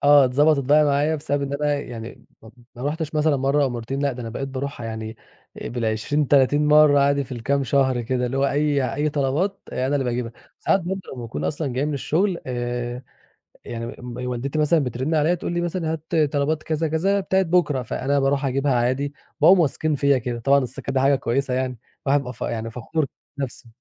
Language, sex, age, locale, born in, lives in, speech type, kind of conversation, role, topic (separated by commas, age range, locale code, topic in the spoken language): Arabic, male, 20-24, ar-EG, Egypt, Egypt, conversation, podcast, guest, إزاي بتحضّروا الأكل سوا في العيلة؟